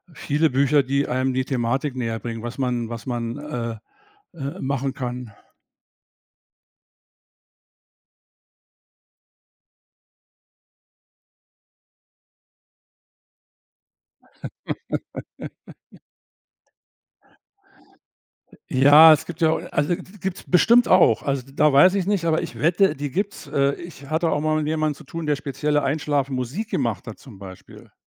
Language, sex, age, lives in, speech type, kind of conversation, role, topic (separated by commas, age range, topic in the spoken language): German, male, 65-69, Germany, conversation, advice, advisor, Warum wechselt mein Schlafrhythmus ständig und warum bin ich morgens so müde?